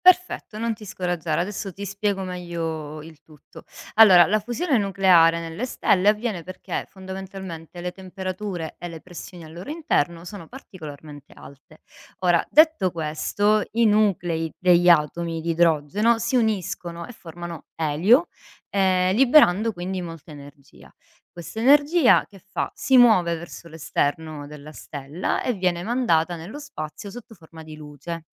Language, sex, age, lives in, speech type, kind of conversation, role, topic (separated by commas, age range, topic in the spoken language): Italian, female, 35-39, Italy, read, expert-assistant, expert, Perché le stelle brillano?